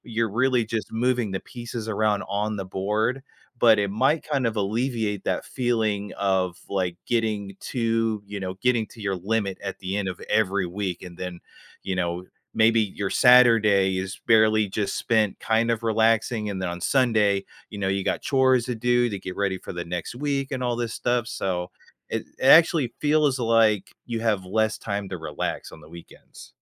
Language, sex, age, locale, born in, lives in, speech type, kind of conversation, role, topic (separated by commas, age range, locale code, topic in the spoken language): English, male, 30-34, en-US, United States, United States, conversation, advice, advisor, How can I balance work and personal time?